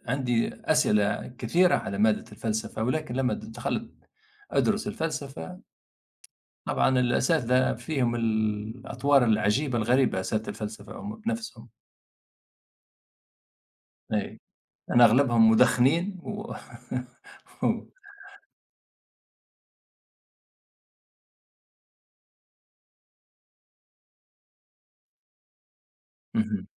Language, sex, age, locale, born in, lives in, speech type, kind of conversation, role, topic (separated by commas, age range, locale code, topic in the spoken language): Arabic, male, 50-54, ar-TN, Tunisia, United States, conversation, unstructured, none, إيه اللي بيخليك تحس بالسعادة وإنت بتذاكر؟